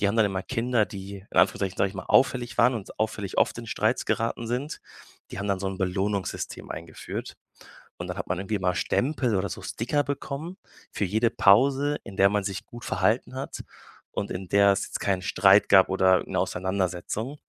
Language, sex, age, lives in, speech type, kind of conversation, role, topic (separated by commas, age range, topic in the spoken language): German, male, 25-29, Germany, conversation, podcast, guest, Wie kannst du verhindern, dass kleine Streitigkeiten eskalieren?